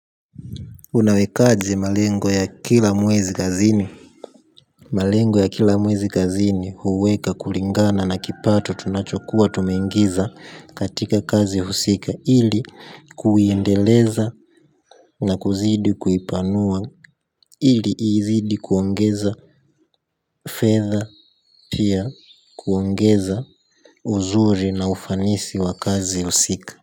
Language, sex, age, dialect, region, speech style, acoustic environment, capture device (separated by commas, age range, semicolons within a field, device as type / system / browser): Swahili, male, 18-24, Tanzania - Dar es Salaam, Tanzania, happy, silence; nature, mobile / Linux / Chrome